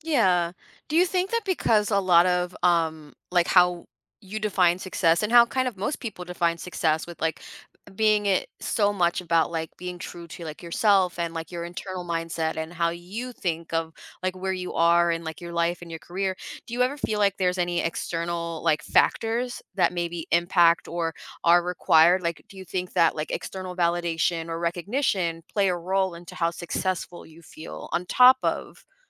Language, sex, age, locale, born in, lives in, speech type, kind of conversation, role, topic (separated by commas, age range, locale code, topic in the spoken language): English, female, 25-29, en-US, United States, United States, conversation, podcast, host, How do our personal values shape what we consider true success?